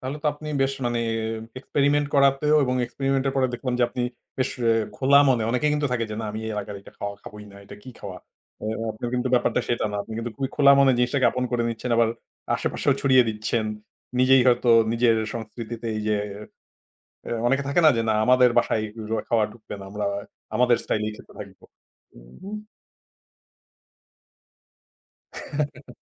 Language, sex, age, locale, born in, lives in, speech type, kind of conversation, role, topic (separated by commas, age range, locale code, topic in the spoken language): Bengali, male, 40-44, bn-BD, Bangladesh, Finland, conversation, podcast, host, কোন খাবার আপনাকে আপনার সংস্কৃতির কথা মনে করায় এবং কেন?